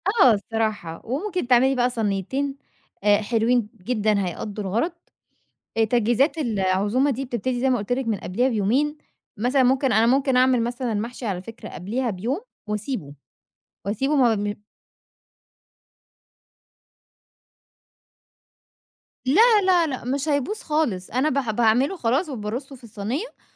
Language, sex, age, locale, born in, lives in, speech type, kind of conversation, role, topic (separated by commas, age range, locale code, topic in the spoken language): Arabic, female, 25-29, ar-EG, Egypt, Egypt, conversation, podcast, guest, إيه النصايح اللي أقولها لحد أول مرة هيستضيف عزومة كبيرة؟